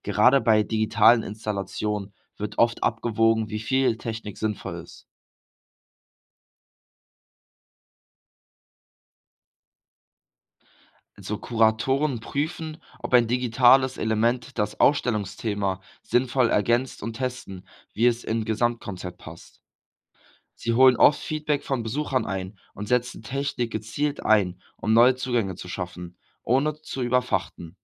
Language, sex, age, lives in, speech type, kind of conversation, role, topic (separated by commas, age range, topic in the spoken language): German, male, 18-19, Germany, read, expert-assistant, expert, Warum finden manche Menschen moderne Kunst faszinierend, während andere sie ablehnen? Welche Rolle spielen dabei Kultur und Erziehung?